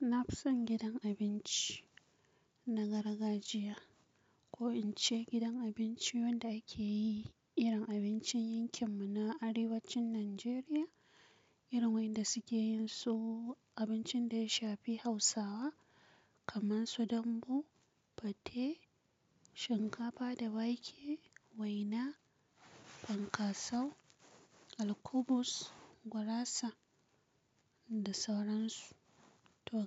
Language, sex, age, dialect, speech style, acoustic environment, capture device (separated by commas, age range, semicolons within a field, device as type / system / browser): Hausa, female, 25-34, Nigeria - Kano, tired, silence, mobile / Linux / Chrome